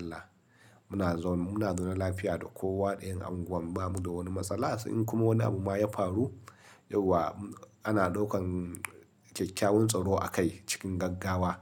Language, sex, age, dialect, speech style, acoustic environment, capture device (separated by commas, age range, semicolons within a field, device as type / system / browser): Hausa, male, 35-44, Nigeria - Nigerian Standard, happy; relaxed, silence, mobile / Linux / Chrome